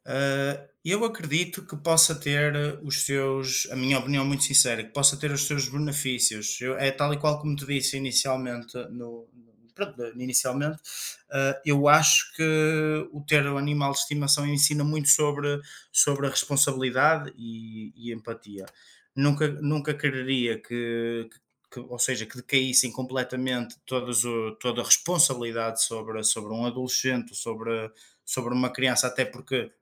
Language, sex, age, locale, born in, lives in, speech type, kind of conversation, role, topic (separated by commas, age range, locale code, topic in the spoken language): Portuguese, male, 25-29, pt-PT, Portugal, Portugal, conversation, unstructured, none, Qual é a importância dos animais de estimação na vida das pessoas?